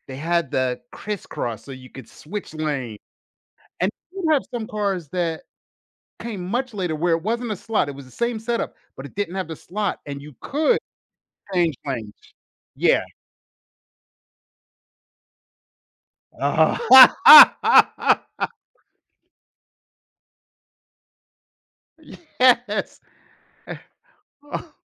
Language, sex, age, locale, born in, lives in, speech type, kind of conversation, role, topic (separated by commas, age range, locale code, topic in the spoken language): English, male, 50-54, en-US, United States, United States, conversation, advice, advisor, How can I reconnect with old friends who have drifted away?